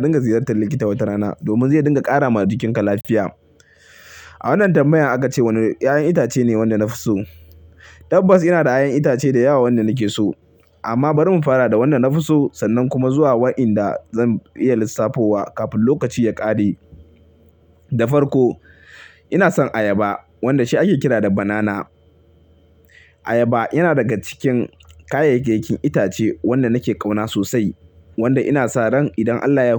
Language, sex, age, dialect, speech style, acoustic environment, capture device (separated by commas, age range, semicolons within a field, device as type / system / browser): Hausa, male, 25-34, Nigeria - Kano, happy, silence; people talking, mobile / Linux / Chrome